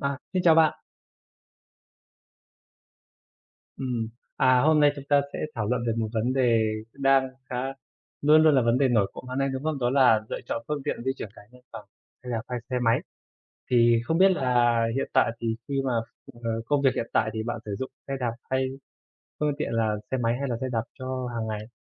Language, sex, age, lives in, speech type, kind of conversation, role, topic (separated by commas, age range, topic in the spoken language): Vietnamese, male, 25-29, Vietnam, conversation, unstructured, none, Bạn nghĩ gì về việc đi xe đạp so với đi xe máy?